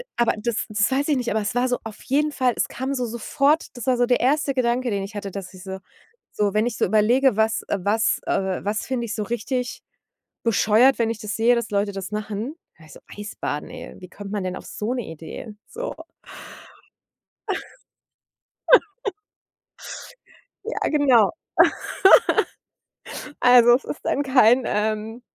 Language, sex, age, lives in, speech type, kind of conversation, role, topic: German, female, 40-44, Germany, conversation, podcast, guest, Gab es einen Moment, der deinen Mut nachhaltig geprägt hat?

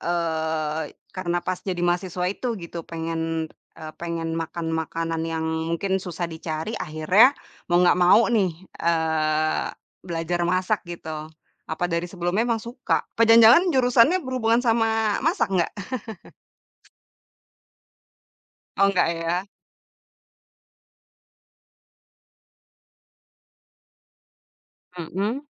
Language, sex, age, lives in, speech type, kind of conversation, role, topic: Indonesian, female, 30-34, Indonesia, conversation, podcast, host, Ceritakan pengalaman pertama kali kamu memasak yang masih kamu ingat?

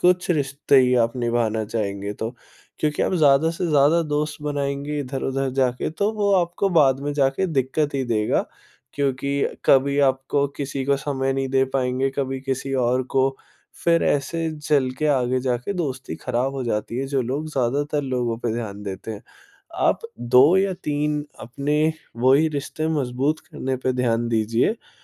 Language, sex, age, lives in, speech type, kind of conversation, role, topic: Hindi, male, 20-24, India, conversation, advice, advisor, नए शहर में भरोसा और दीर्घकालिक दोस्ती कैसे बनाई जाए?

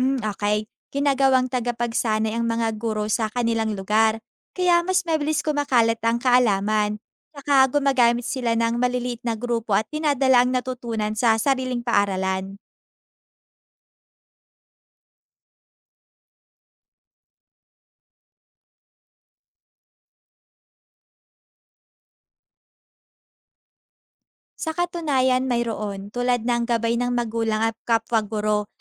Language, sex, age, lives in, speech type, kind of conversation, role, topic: Filipino, female, 20-24, Philippines, read, expert-assistant, expert, Ano ang mga dahilan kung bakit magkakaiba ang kalidad ng edukasyon sa iba't ibang rehiyon o bansa?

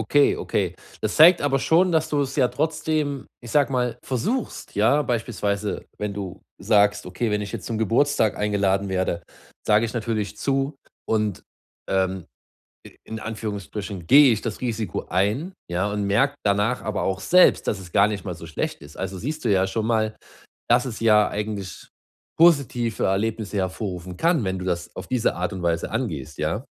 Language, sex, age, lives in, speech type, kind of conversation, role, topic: German, male, 30-34, Germany, conversation, advice, advisor, Wie kann ich offener für Neues und Veränderungen werden?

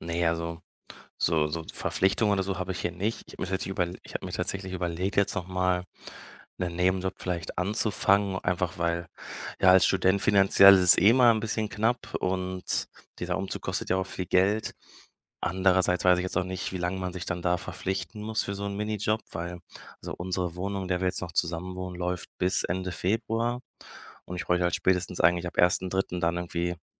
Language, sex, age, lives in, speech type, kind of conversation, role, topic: German, male, 25-29, Germany, conversation, advice, user, Wie kann ich nach einer großen Lebensveränderung wieder Orientierung und eine tägliche Struktur finden?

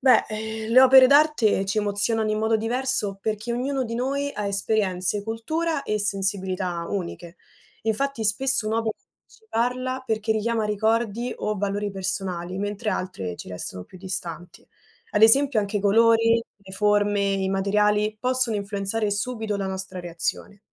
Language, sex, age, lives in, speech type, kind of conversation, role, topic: Italian, female, 20-24, Italy, read, expert-assistant, expert, Perché alcune opere d’arte ci emozionano più di altre?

